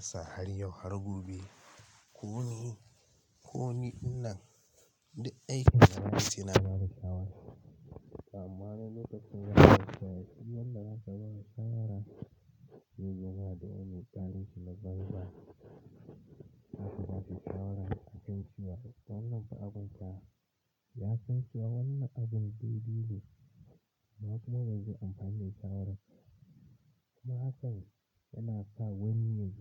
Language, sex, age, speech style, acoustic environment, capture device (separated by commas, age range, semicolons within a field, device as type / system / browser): Hausa, male, 18-24, excited, silence, mobile / Linux / Chrome